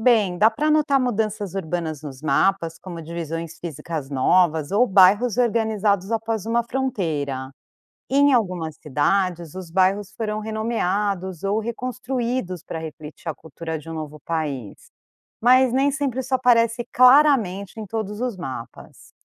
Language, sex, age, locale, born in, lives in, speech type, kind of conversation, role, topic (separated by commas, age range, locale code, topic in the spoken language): Portuguese, female, 45-49, pt-BR, Brazil, United States, read, expert-assistant, expert, Como posso usar mapas para perceber como as fronteiras mudam ao longo do tempo?